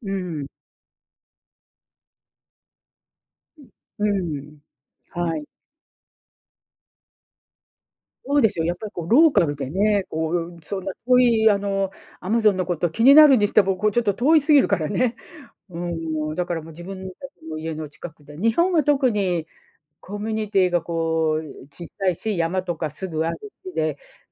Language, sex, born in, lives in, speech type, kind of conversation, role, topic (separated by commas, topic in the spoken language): Japanese, female, Japan, United States, conversation, unstructured, none, 自然破壊について、あなたはどう思いますか？